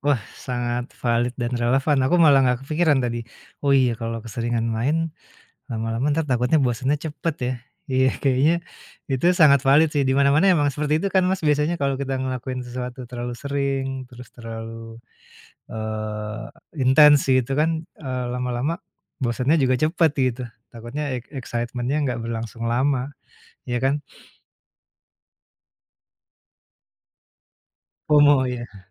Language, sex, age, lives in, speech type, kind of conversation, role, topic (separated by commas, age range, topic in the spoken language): Indonesian, male, 45-49, Indonesia, conversation, advice, user, Bagaimana pengalamanmu dengan belanja impulsif yang merusak keuanganmu?